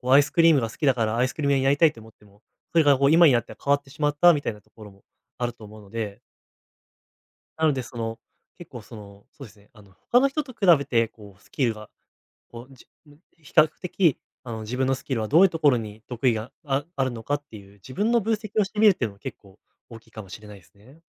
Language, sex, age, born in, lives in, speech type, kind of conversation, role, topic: Japanese, male, 20-24, Japan, Japan, conversation, advice, advisor, 変化が多くて不安なとき、今できる「次の一歩」に集中するにはどうすればよいですか？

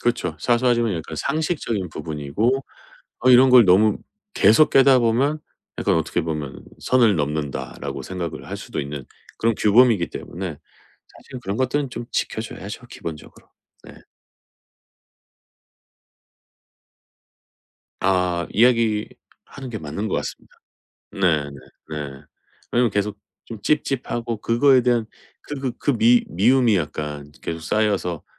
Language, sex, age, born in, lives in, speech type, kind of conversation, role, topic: Korean, male, 45-49, South Korea, United States, conversation, advice, advisor, 우리 관계에서 약속과 기대에 대해 솔직하게 대화를 시작하려면 어떻게 해야 할까요?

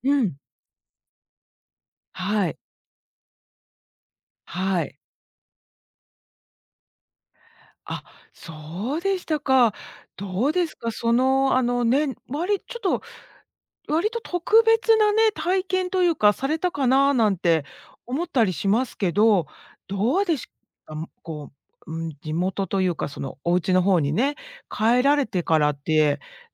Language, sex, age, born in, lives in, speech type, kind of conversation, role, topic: Japanese, female, 50-54, Japan, United States, conversation, podcast, host, 一番印象に残っている旅行は何ですか？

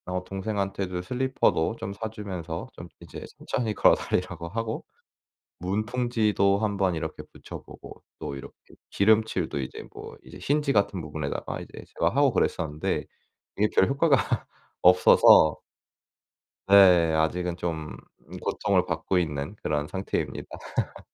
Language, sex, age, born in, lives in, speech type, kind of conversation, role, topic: Korean, male, 25-29, South Korea, South Korea, conversation, advice, user, 공유 공간에서 집중 루틴을 어떻게 유지할 수 있을까요?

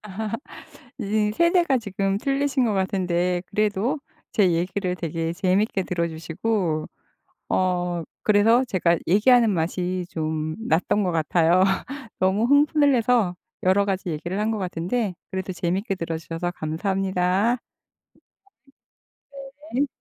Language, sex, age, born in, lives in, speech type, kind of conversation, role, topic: Korean, female, 55-59, South Korea, United States, conversation, podcast, guest, 어릴 때 자주 보던 TV 프로그램은 무엇이었나요?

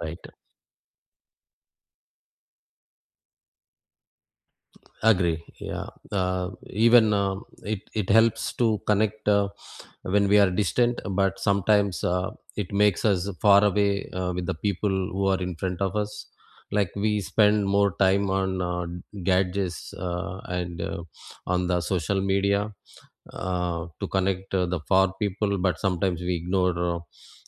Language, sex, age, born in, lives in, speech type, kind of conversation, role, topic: English, male, 45-49, India, United States, conversation, unstructured, none, Can you share a moment when changing your mind left you grateful for the outcome?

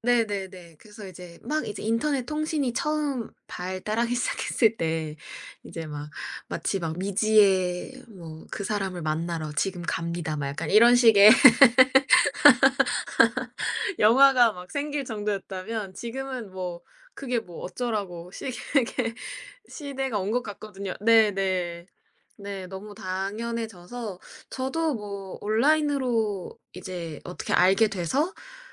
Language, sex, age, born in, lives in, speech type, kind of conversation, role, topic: Korean, female, 25-29, South Korea, United States, conversation, podcast, guest, 소셜미디어가 사람 관계에 어떤 영향을 주나요?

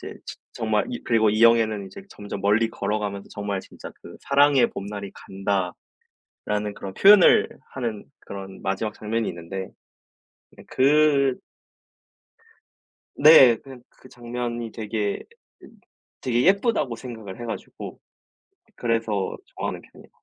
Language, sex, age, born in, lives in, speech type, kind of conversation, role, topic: Korean, male, 20-24, South Korea, South Korea, conversation, unstructured, none, 요즘 가장 재미있게 본 영화는 무엇인가요?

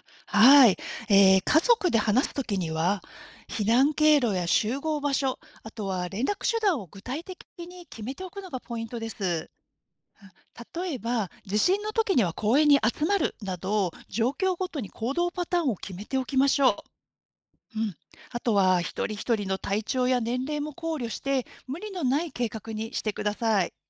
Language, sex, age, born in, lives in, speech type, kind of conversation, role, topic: Japanese, female, 50-54, Japan, Japan, read, expert-assistant, expert, 災害発生時のSNS情報と公式発表、どちらを優先すべきか迷うことがあります。情報の信頼性や優先順位について、現場ではどのような判断基準があるのでしょうか？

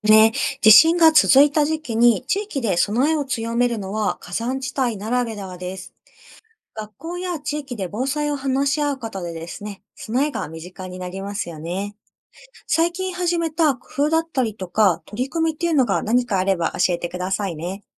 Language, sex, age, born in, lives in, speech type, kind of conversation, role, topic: Japanese, female, 30-34, Japan, Japan, read, expert-assistant, expert, 地質学から見て、火山地域に住むことのメリットとデメリットは何ですか？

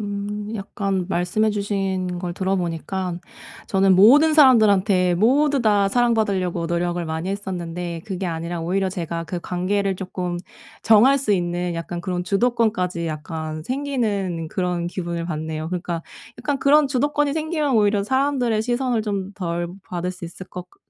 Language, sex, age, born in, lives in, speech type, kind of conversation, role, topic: Korean, female, 35-39, South Korea, Germany, conversation, advice, user, 다른 사람들의 시선 때문에 나를 숨기고 싶을 때, 어떻게 첫걸음을 내디디면 좋을까요?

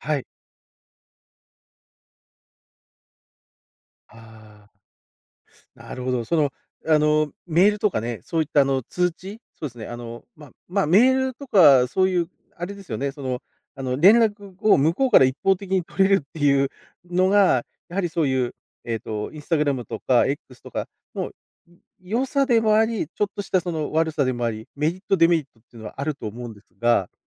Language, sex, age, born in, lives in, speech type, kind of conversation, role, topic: Japanese, male, 40-44, Japan, Japan, conversation, podcast, host, SNSとの付き合い方を、普段どんなふうに工夫していますか？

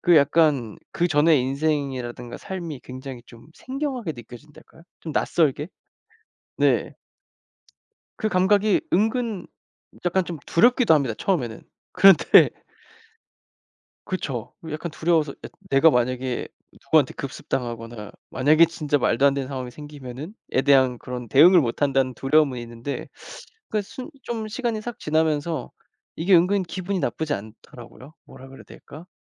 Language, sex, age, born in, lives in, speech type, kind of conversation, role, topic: Korean, male, 25-29, South Korea, United States, conversation, podcast, host, 집에서 화면 없는 시간을 어떻게 만들 수 있을까요?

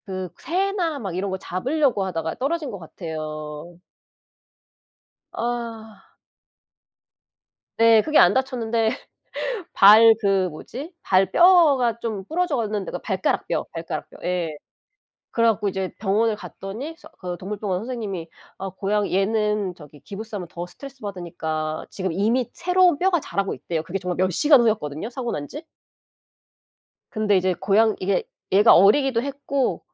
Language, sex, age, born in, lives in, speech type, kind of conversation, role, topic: Korean, female, 40-44, South Korea, United States, conversation, unstructured, none, 고양이와 강아지 중 어떤 반려동물이 더 좋으신가요?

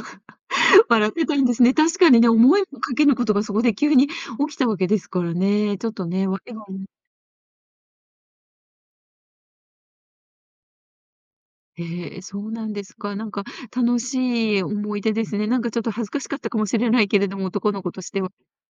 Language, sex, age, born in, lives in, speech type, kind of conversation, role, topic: Japanese, female, 60-64, Japan, Japan, conversation, podcast, host, 町のお祭りで忘れられない体験は？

